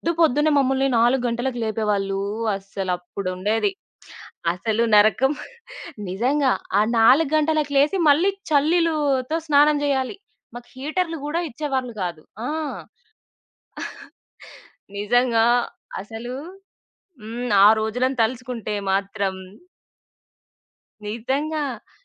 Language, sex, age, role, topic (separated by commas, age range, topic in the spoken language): Telugu, female, 25-29, guest, మీ చిన్ననాటి మిత్రులతో కలిసి చేసిన ఒక చిన్న సాహసకథను చెప్పగలరా?